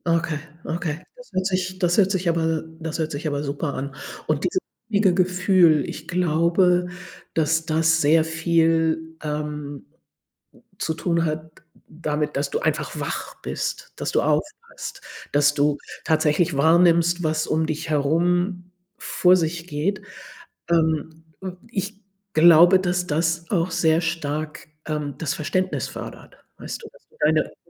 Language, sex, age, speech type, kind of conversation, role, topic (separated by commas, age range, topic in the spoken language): German, female, 60-64, conversation, advice, advisor, Wie erlebst du deinen Umzug in eine neue Stadt und deinen Neubeginn?